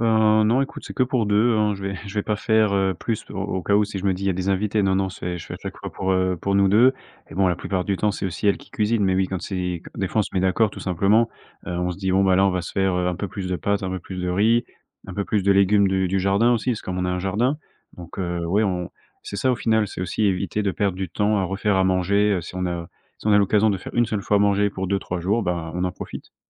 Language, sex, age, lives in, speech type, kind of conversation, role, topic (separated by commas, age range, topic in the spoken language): French, male, 25-29, France, conversation, podcast, guest, Quelles habitudes as-tu pour éviter le gaspillage alimentaire ?